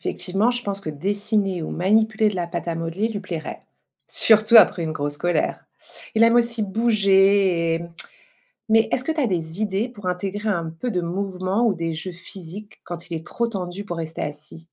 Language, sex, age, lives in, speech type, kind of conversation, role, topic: French, female, 45-49, France, read, expert-assistant, asker, Comment aider un enfant à reconnaître et exprimer ses émotions ?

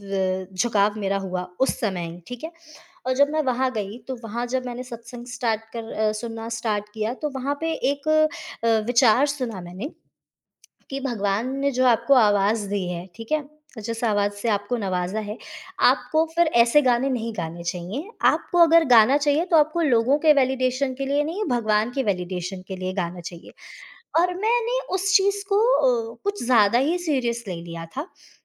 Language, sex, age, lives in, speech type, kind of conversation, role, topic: Hindi, female, 25-29, India, conversation, podcast, guest, किस मौके पर आपके साथ कौन-सा गाना जुड़ गया था?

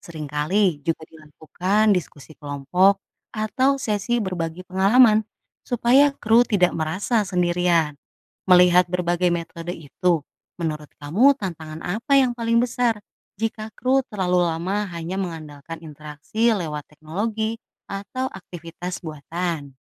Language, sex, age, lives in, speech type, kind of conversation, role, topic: Indonesian, female, 35-39, Indonesia, read, expert-assistant, expert, Bagaimana cara manusia bisa tinggal di planet lain di masa depan?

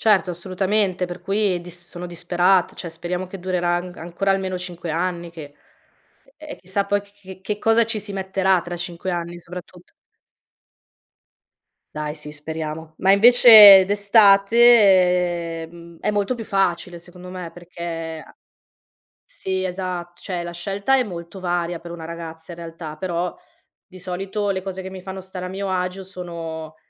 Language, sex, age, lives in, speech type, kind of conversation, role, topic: Italian, female, 30-34, Italy, conversation, podcast, guest, Come influisce l’abbigliamento sul tuo umore di tutti i giorni?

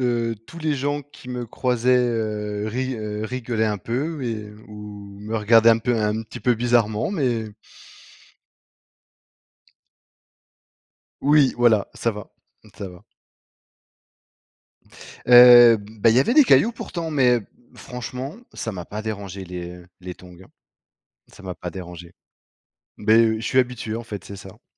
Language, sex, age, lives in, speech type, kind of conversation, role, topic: French, male, 35-39, France, conversation, podcast, guest, Quel est ton plus beau souvenir de randonnée ?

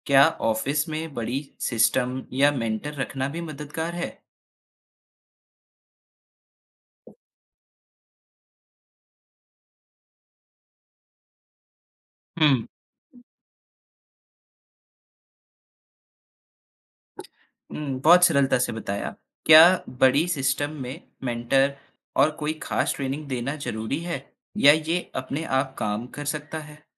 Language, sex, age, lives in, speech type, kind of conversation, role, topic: Hindi, male, 20-24, India, read, expert-assistant, asker, ऑफिस या काम की जगह पर विभिन्न धार्मिक रीति-रिवाजों का सम्मान और आयोजन कैसे किया जा सकता है?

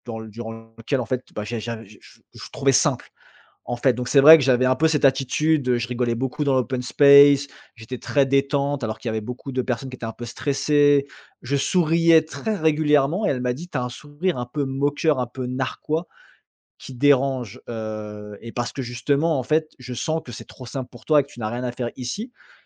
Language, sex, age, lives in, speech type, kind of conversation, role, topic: French, male, 35-39, France, conversation, advice, user, Comment faire face au fait de se sentir blessé par les critiques ?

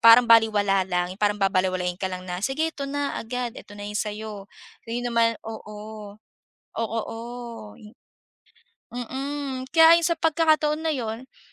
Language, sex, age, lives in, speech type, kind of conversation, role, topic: Filipino, female, 25-29, Philippines, conversation, podcast, guest, Paano mo pinipili kung lilipat ka ng trabaho o mananatili ka?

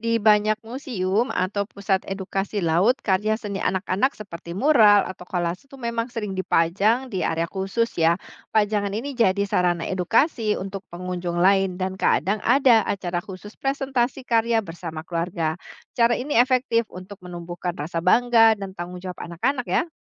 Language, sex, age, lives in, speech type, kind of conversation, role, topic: Indonesian, female, 45-49, Indonesia, read, expert-assistant, expert, Apa saja museum, pusat riset, atau lokasi di Indonesia yang bisa dikunjungi untuk belajar langsung tentang kehidupan bawah laut dan fenomena oseanografi?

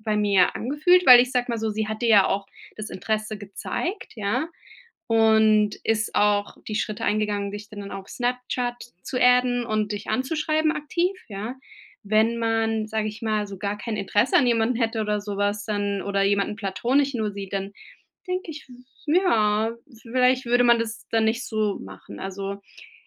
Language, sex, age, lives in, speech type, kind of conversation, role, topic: German, female, 35-39, United States, conversation, advice, advisor, Wie kann ich Rituale für Abschied und Neubeginn gestalten?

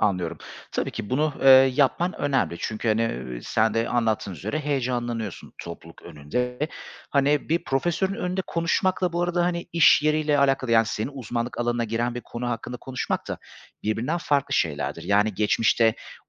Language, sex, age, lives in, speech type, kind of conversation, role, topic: Turkish, male, 35-39, Greece, conversation, advice, advisor, Önemli bir sunum veya toplantı öncesinde yoğun performans kaygısı yaşıyor musunuz?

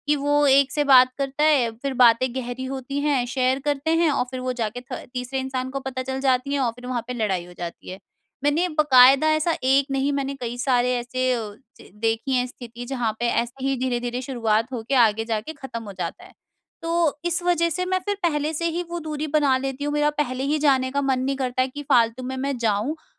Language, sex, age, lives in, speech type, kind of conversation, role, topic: Hindi, female, 50-54, India, conversation, advice, user, क्या भविष्य में आपके लिए रिश्तों के साथ रहना बेहतर होगा या अकेले रहना?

